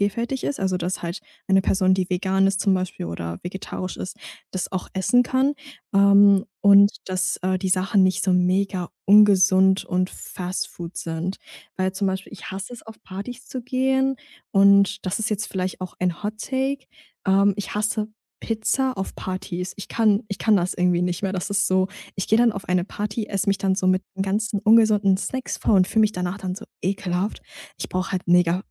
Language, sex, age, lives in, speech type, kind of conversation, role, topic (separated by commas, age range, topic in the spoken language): German, female, 20-24, Germany, conversation, podcast, guest, Wie bereitest du ein entspanntes Dinner für Freunde vor?